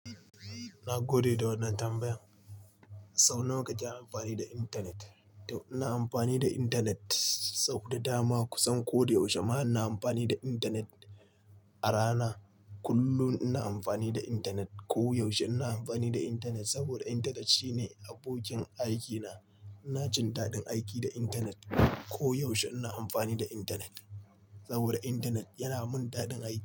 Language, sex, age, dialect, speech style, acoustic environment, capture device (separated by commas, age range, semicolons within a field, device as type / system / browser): Hausa, male, 25-34, Nigeria - Nigerian Standard, relaxed; focused, nature, mobile / Linux / Chrome